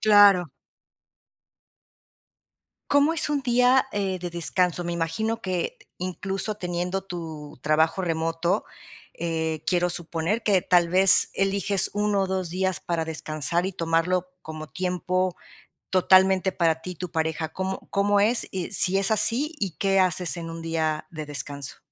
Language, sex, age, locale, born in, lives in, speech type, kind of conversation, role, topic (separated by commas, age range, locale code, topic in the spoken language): Spanish, female, 50-54, es-MX, Mexico, Mexico, conversation, podcast, host, ¿Cómo equilibras el trabajo y tu vida personal?